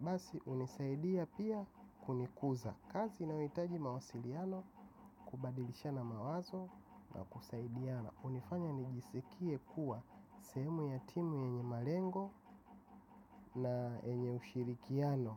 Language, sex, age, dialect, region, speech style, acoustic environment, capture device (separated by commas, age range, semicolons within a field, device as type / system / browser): Swahili, male, 25-34, Tanzania - Dar es Salaam, Tanzania, relaxed; happy; focused; excited, silence; nature; appliances, mobile / macOS / Safari